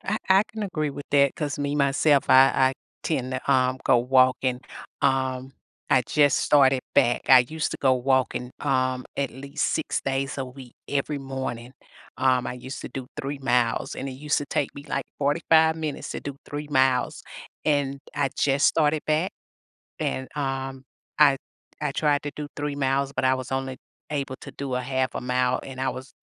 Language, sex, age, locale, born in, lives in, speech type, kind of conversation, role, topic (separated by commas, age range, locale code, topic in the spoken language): English, female, 50-54, en-US, United States, United States, conversation, podcast, host, How do your experiences shape your approach to health and well-being?